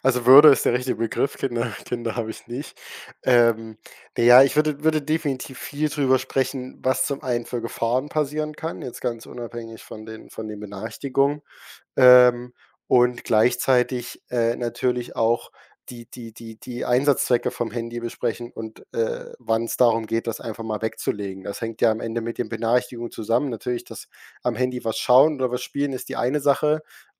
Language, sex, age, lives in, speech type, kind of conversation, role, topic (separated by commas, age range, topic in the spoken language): German, male, 18-19, Germany, conversation, podcast, guest, Wie gehst du mit ständigen Benachrichtigungen um?